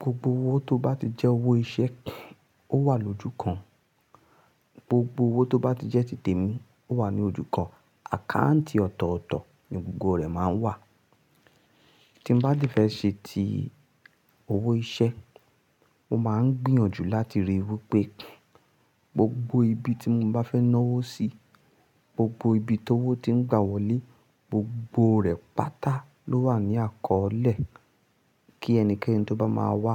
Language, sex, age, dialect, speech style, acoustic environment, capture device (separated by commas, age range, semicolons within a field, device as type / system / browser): Yoruba, male, 25-34, Nigeria - Ibadan, happy, people talking, mobile / Linux / Chrome